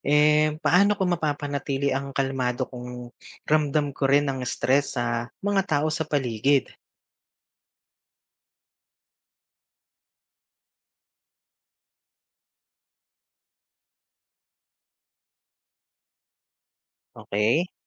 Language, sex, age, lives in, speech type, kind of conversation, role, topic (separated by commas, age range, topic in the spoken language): Filipino, male, 35-39, Philippines, read, expert-assistant, asker, Paano makakatulong ang pag-unawa sa ebolusyon sa pagharap ko sa pagbabago sa buhay?